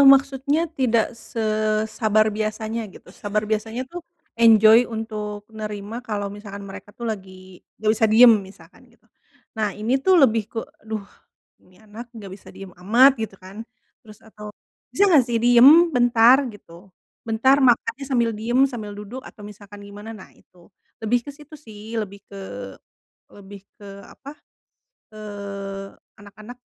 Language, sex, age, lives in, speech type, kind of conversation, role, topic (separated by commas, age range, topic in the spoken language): Indonesian, female, 35-39, Indonesia, conversation, advice, user, Bagaimana cara mengelola emosi saat rutinitas sehari-hari terasa berat?